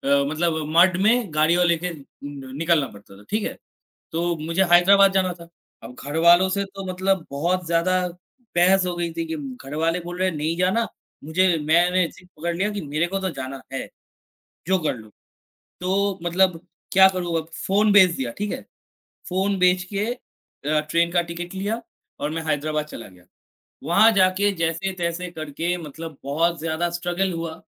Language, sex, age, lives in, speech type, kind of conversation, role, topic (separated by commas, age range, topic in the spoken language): Hindi, male, 18-19, India, conversation, unstructured, none, आप अपने सपनों को पूरा करने के लिए क्या-क्या त्याग कर सकते हैं?